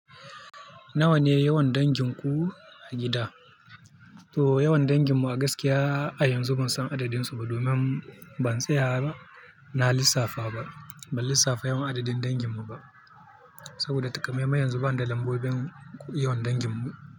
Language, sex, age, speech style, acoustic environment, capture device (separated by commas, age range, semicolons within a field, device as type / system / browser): Hausa, male, 25-34, focused, people talking; music; nature; traffic, mobile / Linux / Chrome